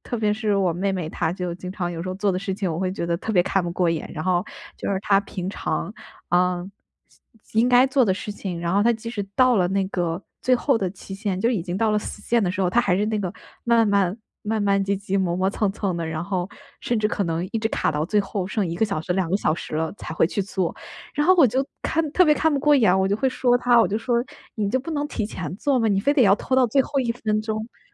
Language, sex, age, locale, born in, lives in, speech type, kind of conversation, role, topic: Chinese, female, 25-29, zh-CN, China, United States, conversation, advice, user, 怎样让别人更容易接受我的批评？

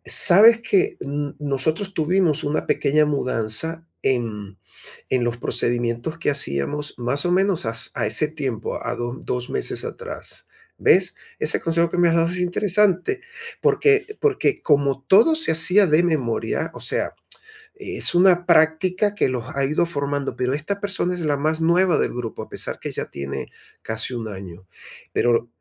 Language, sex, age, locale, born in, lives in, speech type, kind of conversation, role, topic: Spanish, male, 55-59, es-VE, Venezuela, Portugal, conversation, advice, user, ¿Cómo puedo mantener la calidad y los resultados al delegar tareas importantes a mi equipo?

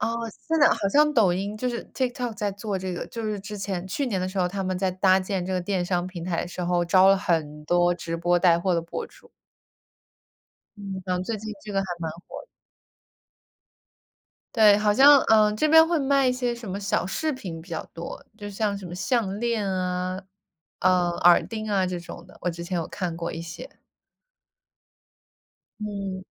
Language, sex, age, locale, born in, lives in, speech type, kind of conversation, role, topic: Chinese, female, 25-29, zh-CN, United States, United States, conversation, unstructured, none, 你更倾向于选择网购还是去实体店购物？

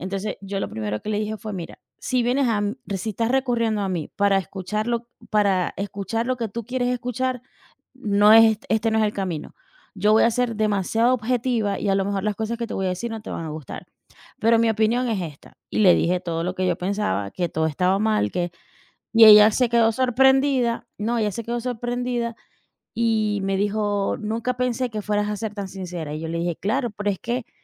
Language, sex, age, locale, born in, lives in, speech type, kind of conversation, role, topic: Spanish, female, 40-44, es-VE, Venezuela, United States, conversation, unstructured, none, ¿Qué es lo que más valoras en una amistad verdadera?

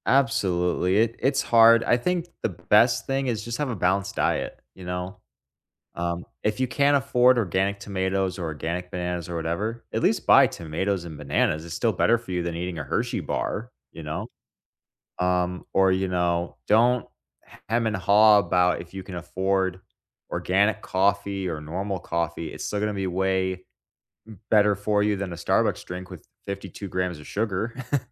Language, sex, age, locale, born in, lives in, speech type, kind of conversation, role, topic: English, male, 25-29, en-US, United States, United States, conversation, unstructured, none, What habits harm our physical health the most?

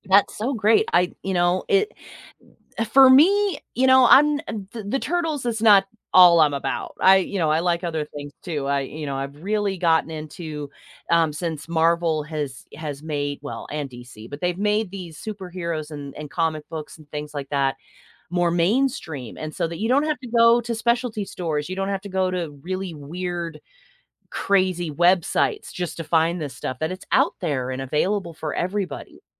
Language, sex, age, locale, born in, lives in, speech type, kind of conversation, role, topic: English, female, 45-49, en-US, United States, United States, conversation, podcast, host, How has your favorite hobby shaped your life or perspective?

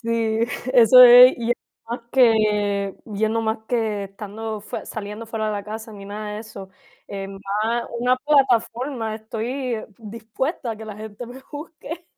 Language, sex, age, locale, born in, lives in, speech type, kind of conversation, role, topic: Spanish, female, 20-24, es-CU, Puerto Rico, United States, conversation, unstructured, none, ¿Cómo te afecta que te juzguen sin conocerte bien?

